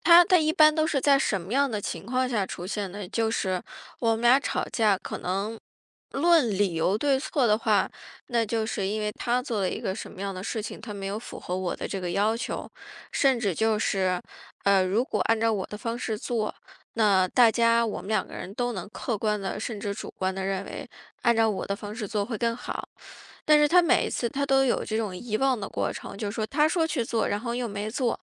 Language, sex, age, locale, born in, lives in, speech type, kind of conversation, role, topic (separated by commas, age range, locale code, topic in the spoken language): Chinese, female, 35-39, zh-CN, China, United States, conversation, advice, user, 你为什么经常陷入自我指责的思维循环？